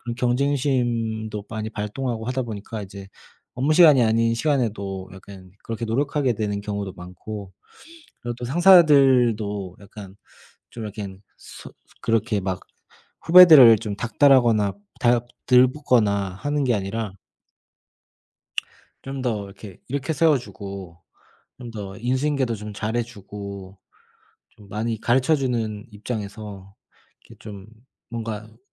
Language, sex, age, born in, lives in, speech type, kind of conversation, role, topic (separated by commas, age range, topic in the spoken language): Korean, male, 30-34, South Korea, Germany, conversation, unstructured, none, 직장에서 가장 자랑스러웠던 성취는 무엇인가요?